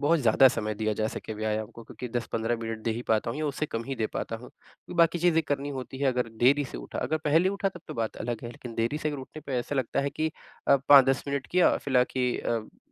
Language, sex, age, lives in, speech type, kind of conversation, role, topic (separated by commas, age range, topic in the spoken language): Hindi, male, 25-29, India, conversation, advice, user, मैं तीव्रता के बजाय निरंतरता पर ध्यान देकर नियमित रूप से व्यायाम कैसे कर सकता/सकती हूँ?